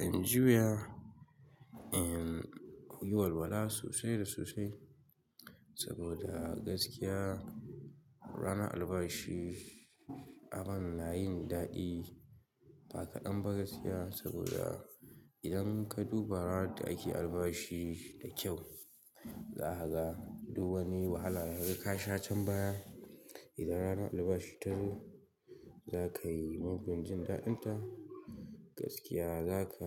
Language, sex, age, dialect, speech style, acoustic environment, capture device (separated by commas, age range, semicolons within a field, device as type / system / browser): Hausa, male, 18-24, Nigeria - Katsina, happy, nature, mobile / Linux / Chrome